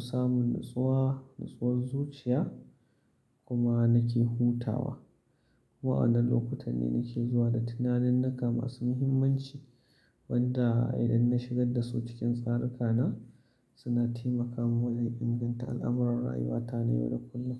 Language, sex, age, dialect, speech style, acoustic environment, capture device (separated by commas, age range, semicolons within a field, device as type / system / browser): Hausa, male, 35-44, Nigeria - Kano, focused, silence, mobile / Linux / Chrome